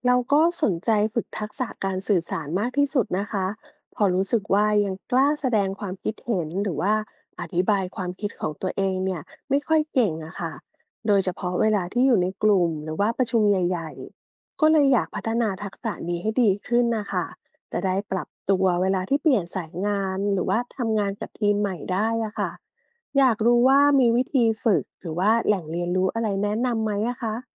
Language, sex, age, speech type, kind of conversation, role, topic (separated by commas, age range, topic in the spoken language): Thai, female, 40-44, read, expert-assistant, asker, ถ้าต้องการเปลี่ยนสายอาชีพหรือพัฒนาตัวเองในวัยผู้ใหญ่ ควรเลือกเข้าเรียนในระบบการศึกษาแบบใด – แบบดั้งเดิม ออนไลน์ หรือแนวทางใหม่ ๆ?